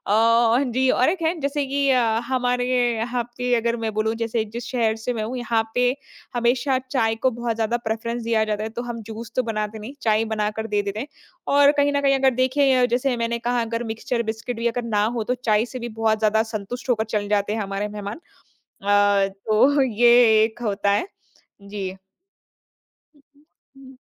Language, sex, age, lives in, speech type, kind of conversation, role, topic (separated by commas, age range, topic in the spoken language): Hindi, female, 25-29, India, conversation, podcast, guest, मेहमानों के आने पर घर को आरामदायक बनाने के लिए आप उसे कैसे तैयार करते हैं?